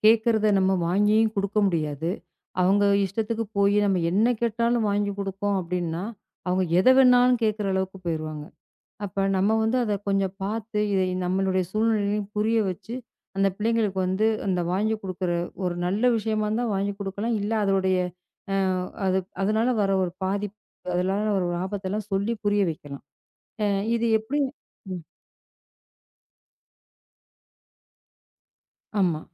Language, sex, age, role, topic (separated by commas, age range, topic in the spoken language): Tamil, female, 35-39, guest, பிள்ளைகளை வளர்க்கும் போது குறைந்த பொருட்களுடன் வாழும் பழக்கம் அவர்களுக்கு என்ன தாக்கத்தை ஏற்படுத்தும்?